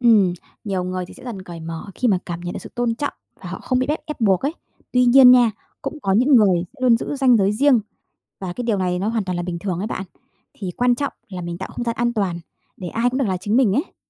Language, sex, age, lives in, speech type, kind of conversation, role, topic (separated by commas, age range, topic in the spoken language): Vietnamese, female, 30-34, Vietnam, read, expert-assistant, expert, Có những cách nào giúp mình rèn luyện trí tuệ cảm xúc trong cuộc sống hàng ngày?